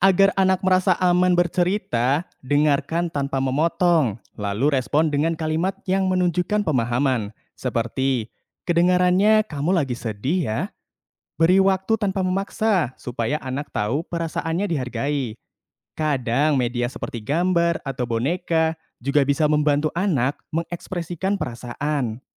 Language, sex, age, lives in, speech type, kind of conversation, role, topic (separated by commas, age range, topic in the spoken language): Indonesian, male, 20-24, Indonesia, read, expert-assistant, expert, Apa saja cara kreatif yang bisa orang tua coba untuk mendukung tumbuh kembang anak di rumah?